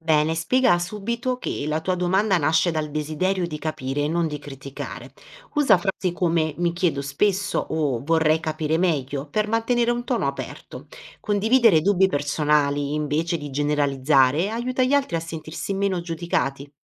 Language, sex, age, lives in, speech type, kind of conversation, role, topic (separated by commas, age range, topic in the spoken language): Italian, female, 30-34, Italy, read, expert-assistant, expert, Come posso organizzare le mie domande sulla fede per parlarne con amici o famiglia?